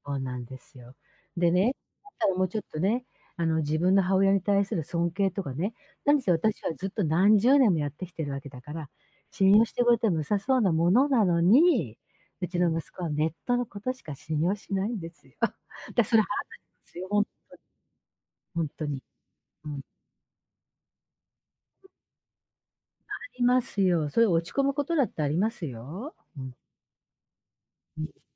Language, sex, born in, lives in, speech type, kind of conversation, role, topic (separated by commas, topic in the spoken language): Japanese, female, Japan, Japan, conversation, advice, user, 家庭やパートナーと小さな衝突があっただけで大きく落ち込んでしまうのは、どうしてだと思いますか？